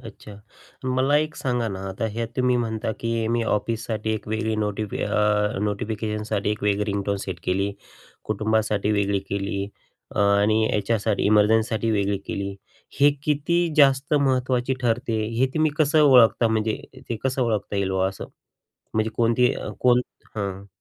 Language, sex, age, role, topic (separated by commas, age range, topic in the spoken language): Marathi, male, 35-39, host, तुम्ही रोजच्या सूचना कशा हाताळता?